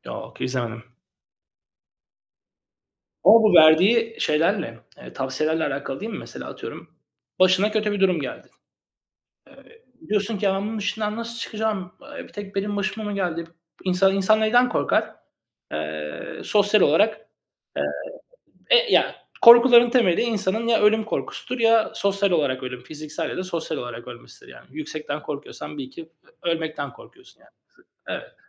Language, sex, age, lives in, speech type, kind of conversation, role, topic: Turkish, male, 35-39, Greece, conversation, unstructured, none, Yapay zeka gelecekte iş hayatını nasıl etkileyebilir?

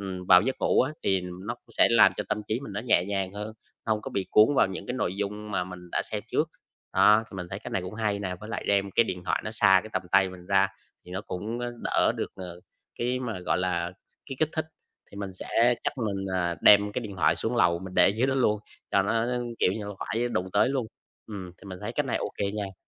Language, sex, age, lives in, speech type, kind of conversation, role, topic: Vietnamese, male, 20-24, Vietnam, conversation, advice, user, Làm thế nào để giảm phụ thuộc vào điện thoại hằng ngày?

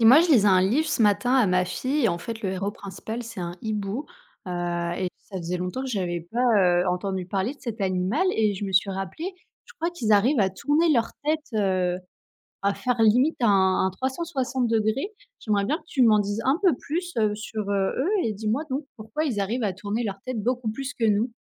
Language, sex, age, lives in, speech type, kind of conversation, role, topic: French, female, 30-34, France, read, expert-assistant, asker, Pourquoi les hiboux peuvent-ils tourner leur tête autant ?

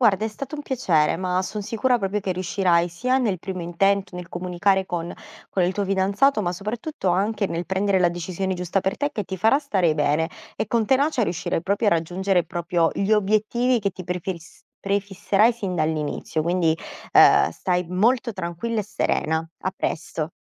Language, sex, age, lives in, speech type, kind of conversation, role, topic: Italian, female, 30-34, Italy, conversation, advice, advisor, Come posso cambiare carriera senza rinunciare alla stabilità?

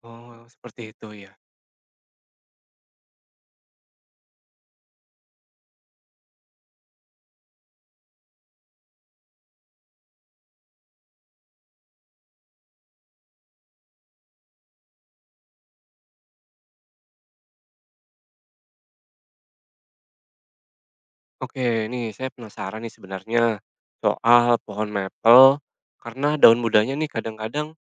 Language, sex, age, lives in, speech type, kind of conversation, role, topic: Indonesian, male, 40-44, Indonesia, read, expert-assistant, asker, Mengapa daun berubah warna saat musim gugur?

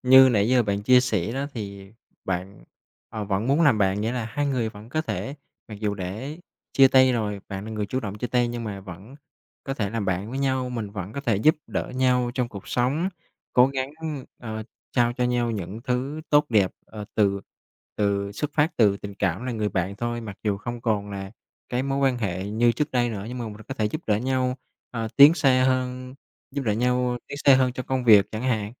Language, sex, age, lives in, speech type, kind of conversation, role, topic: Vietnamese, male, 25-29, Vietnam, conversation, advice, advisor, Làm sao để vượt qua cảm giác tội lỗi khi bạn chủ động chia tay và tự an ủi bản thân?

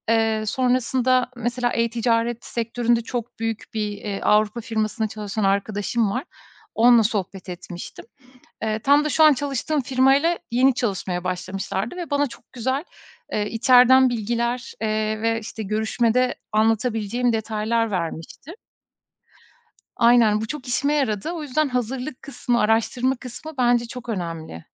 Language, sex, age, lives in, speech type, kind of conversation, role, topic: Turkish, female, 35-39, Estonia, conversation, podcast, guest, Yeni bir sektöre geçerken atacağın ilk adım ne olur?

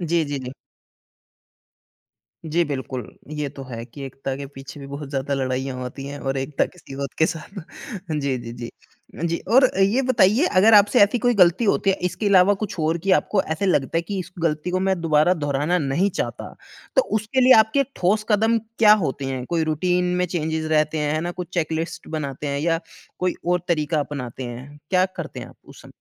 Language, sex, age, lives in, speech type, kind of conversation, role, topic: Hindi, male, 30-34, India, conversation, podcast, host, आप अपनी गलतियों से कैसे सीखते हैं?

